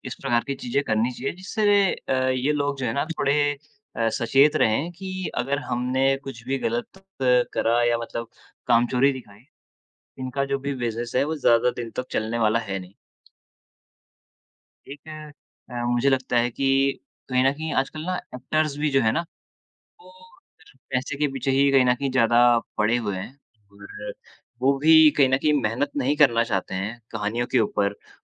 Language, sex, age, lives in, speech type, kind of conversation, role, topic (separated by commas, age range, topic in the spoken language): Hindi, male, 20-24, India, conversation, unstructured, none, आपके अनुसार बॉलीवुड में अच्छी कहानियों की कमी क्यों है?